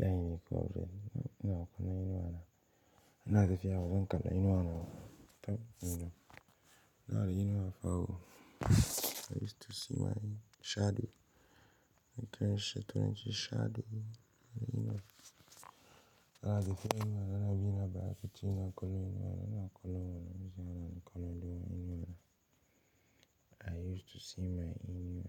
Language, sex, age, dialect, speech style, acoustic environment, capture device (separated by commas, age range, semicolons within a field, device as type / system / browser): Hausa, male, 18-24, Nigeria - Pidgin-influenced, relaxed, silence, mobile / Linux / Chrome